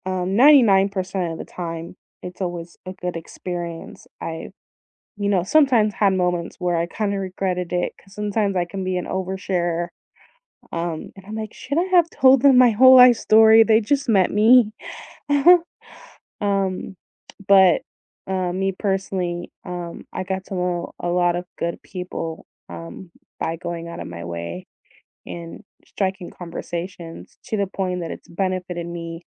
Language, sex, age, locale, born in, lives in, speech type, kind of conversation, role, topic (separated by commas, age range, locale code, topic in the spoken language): English, female, 30-34, en-US, United States, United States, conversation, unstructured, none, What is your go-to way to meet new people locally?